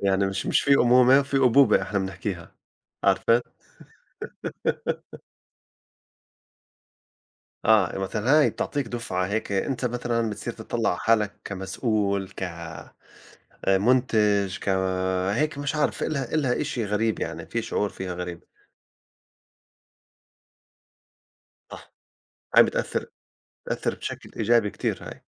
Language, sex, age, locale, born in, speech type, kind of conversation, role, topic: Arabic, male, 40-44, ar-JO, Saudi Arabia, conversation, unstructured, none, إيه اللي بيرفع معنوياتك وبيخليك تحب نفسك أكتر؟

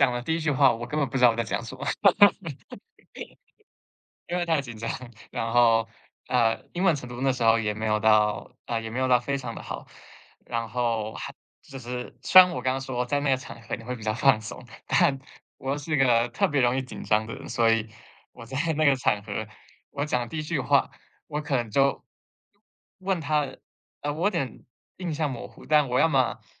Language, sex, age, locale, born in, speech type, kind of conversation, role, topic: Chinese, male, 25-29, zh-TW, Taiwan, conversation, podcast, guest, 遇到学习挫折时，你通常会怎么应对？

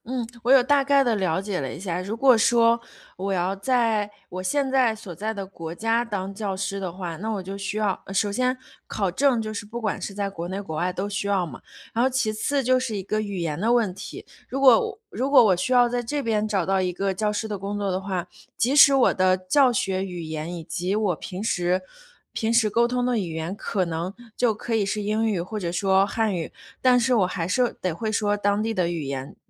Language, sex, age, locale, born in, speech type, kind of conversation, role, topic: Chinese, female, 30-34, zh-CN, China, conversation, advice, user, 我想法很多却一直无法决定方向，该怎么办？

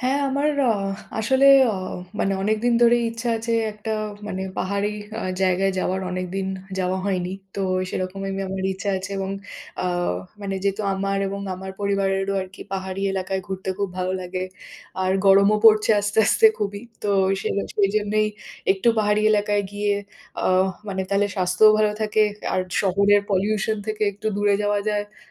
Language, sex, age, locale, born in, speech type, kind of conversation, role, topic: Bengali, female, 30-34, bn-IN, India, conversation, unstructured, none, আপনি কি মনে করেন ভ্রমণ মন খারাপ কাটাতে সাহায্য করে?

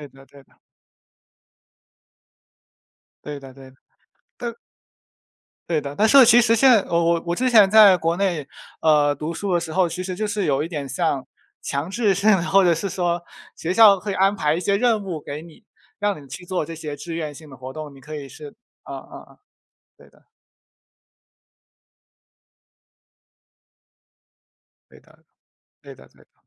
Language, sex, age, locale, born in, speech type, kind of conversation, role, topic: Chinese, male, 20-24, zh-CN, China, conversation, unstructured, none, 你觉得政府应该如何解决环境问题？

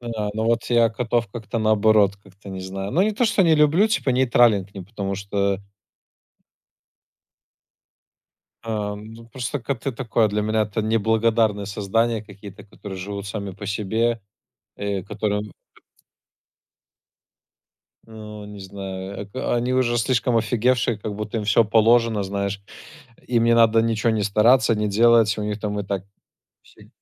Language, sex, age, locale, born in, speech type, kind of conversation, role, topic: Russian, male, 25-29, ru-RU, Belarus, conversation, unstructured, none, Как животные влияют на наше эмоциональное состояние?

